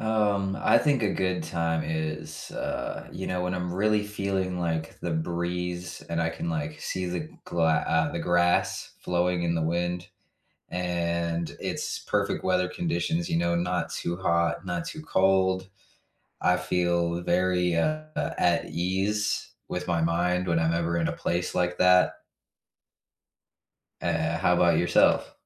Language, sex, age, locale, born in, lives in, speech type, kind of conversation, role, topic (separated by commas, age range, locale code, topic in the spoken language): English, male, 25-29, en-US, United States, United States, conversation, unstructured, none, Which outdoor places restore your mind, and how can we enjoy them or learn from them together?